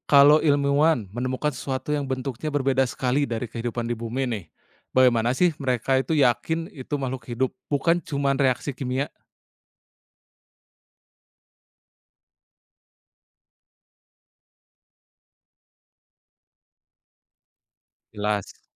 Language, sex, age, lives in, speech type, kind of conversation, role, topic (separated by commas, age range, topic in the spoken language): Indonesian, male, 30-34, Indonesia, read, expert-assistant, asker, Bagaimana memulai perjalanan belajar tentang planet-planet dan fenomena menarik yang terjadi di dalamnya?